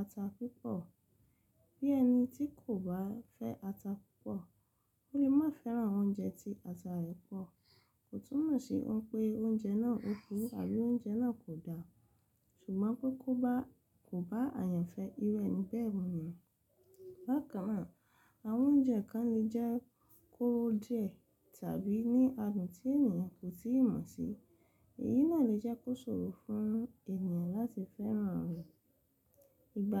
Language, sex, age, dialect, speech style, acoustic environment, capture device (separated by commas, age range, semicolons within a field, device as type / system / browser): Yoruba, female, 25-34, Nigeria - Lagos Yoruba, happy; excited, silence, mobile / Linux / Chrome